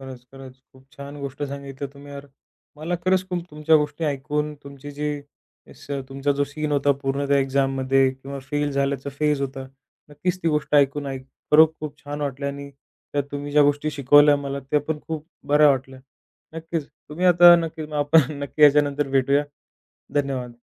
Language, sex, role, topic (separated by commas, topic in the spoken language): Marathi, male, host, कधी स्वतःला माफ करणं कठीण वाटलंय का?